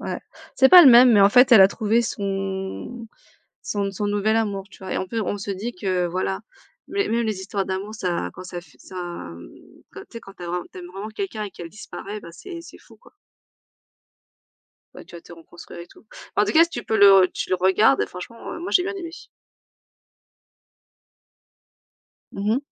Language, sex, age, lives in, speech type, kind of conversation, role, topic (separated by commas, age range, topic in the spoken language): French, female, 35-39, France, conversation, unstructured, none, Pourquoi est-ce si rageant quand on te dit que tu es « faible mentalement » ?